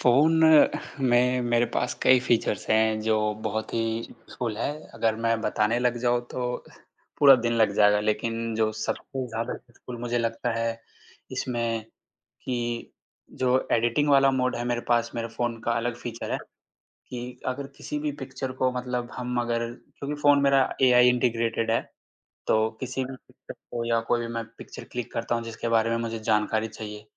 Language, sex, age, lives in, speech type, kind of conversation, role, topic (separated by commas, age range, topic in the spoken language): Hindi, male, 25-29, India, conversation, podcast, guest, Apne phone ka sabse kaam ka feature kaunsa hai, aur kyun?